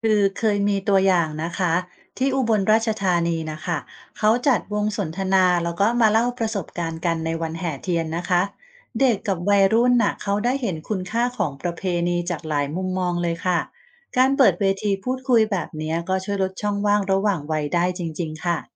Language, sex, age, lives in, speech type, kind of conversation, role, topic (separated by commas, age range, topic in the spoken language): Thai, female, 45-49, Thailand, read, expert-assistant, expert, ถ้าคุณเห็นประเพณีหนึ่งในชุมชนกำลังจะหายไป คุณจะช่วยอย่างไร?